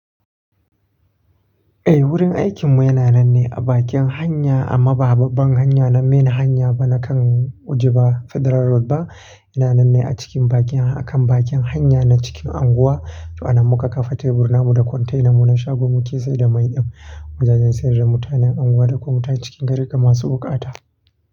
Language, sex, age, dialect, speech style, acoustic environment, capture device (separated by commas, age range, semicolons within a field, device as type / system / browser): Hausa, male, 25-34, Nigeria - Nigerian Standard, happy, people talking, mobile / Linux / Chrome